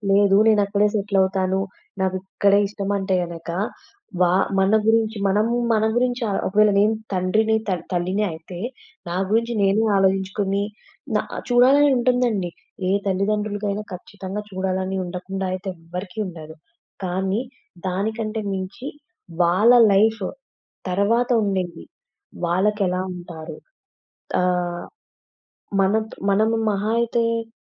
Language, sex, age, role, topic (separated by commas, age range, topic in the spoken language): Telugu, female, 20-24, guest, కష్ట సమయంలో మీ కుటుంబంతో మీరు ఎలా మాట్లాడతారు?